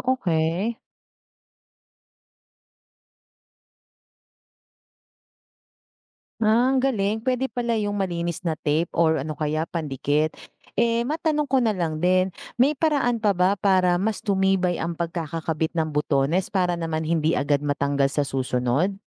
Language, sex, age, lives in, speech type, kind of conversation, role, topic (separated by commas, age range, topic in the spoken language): Filipino, female, 40-44, Philippines, read, expert-assistant, asker, Paano mag-ayos ng sirang butones sa damit?